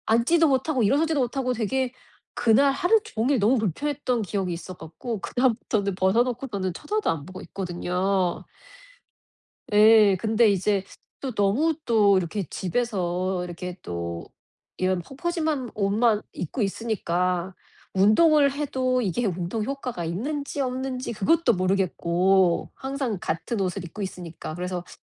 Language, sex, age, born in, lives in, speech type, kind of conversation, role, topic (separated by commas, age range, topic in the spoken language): Korean, female, 40-44, South Korea, United States, conversation, advice, user, 매일 편하게 입으면서도 스타일리시한 옷은 어떻게 고르면 좋을까요?